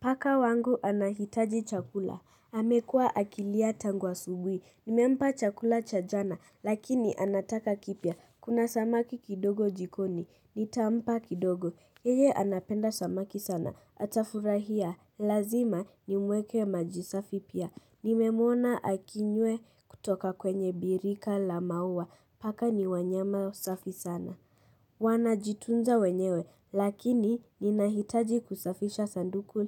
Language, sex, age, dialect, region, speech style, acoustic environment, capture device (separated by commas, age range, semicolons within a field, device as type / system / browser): Swahili, female, 35-44, Kenya - Nairobi Sheng-influenced, Kenya, relaxed, silence, mobile / Linux / Chrome